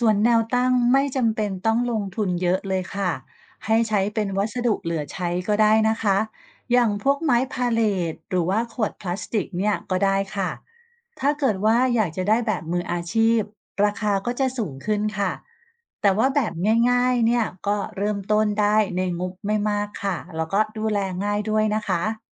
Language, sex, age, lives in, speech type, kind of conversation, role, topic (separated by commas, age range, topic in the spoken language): Thai, female, 45-49, Thailand, read, expert-assistant, expert, จะเกิดอะไรขึ้นถ้าเราลองเปลี่ยนพื้นที่ว่างในเมืองให้กลายเป็นพื้นที่สีเขียวมากขึ้น? มีแนวทางอะไรที่น่าสนใจบ้าง?